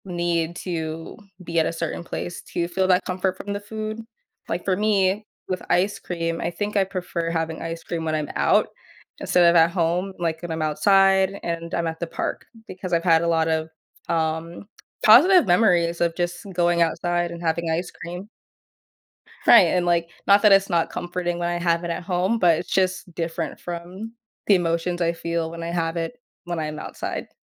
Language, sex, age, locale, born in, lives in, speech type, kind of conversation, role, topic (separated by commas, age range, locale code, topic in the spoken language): English, female, 20-24, en-US, United States, United States, conversation, podcast, guest, How do food and memories come together to create a sense of comfort?